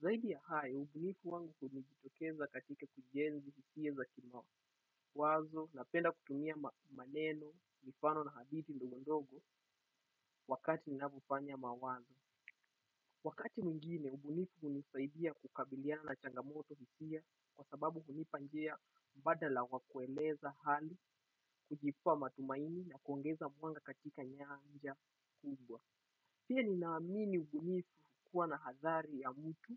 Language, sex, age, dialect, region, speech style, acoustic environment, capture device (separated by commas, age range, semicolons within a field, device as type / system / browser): Swahili, male, 18-24, Kenya - Mombasa, Kenya, happy, people talking, desktop / Windows / Chrome